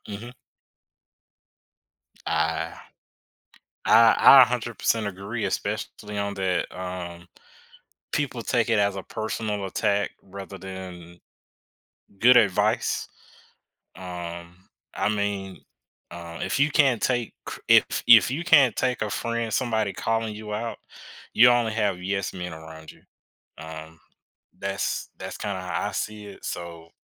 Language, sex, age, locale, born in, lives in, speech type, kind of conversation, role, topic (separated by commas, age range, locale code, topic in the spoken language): English, male, 25-29, en-US, United States, United States, conversation, podcast, host, What factors most often lead to misunderstandings or tension between friends?